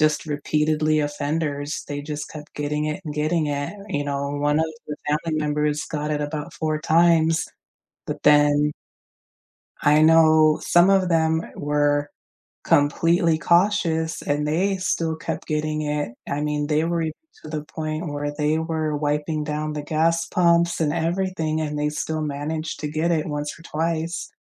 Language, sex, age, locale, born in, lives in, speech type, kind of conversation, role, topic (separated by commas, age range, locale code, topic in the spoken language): English, female, 35-39, en-US, United States, United States, conversation, unstructured, none, Which world events have felt like turning points in your life, and why?